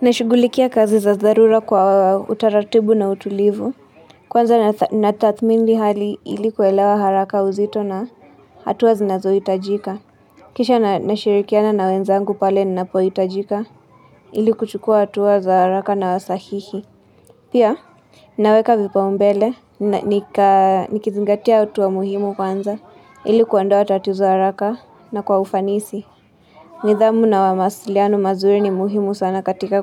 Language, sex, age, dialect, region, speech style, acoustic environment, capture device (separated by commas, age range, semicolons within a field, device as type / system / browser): Swahili, female, 25-34, Kenya - Nairobi Sheng-influenced, Kenya, relaxed, people talking, mobile / Linux / Chrome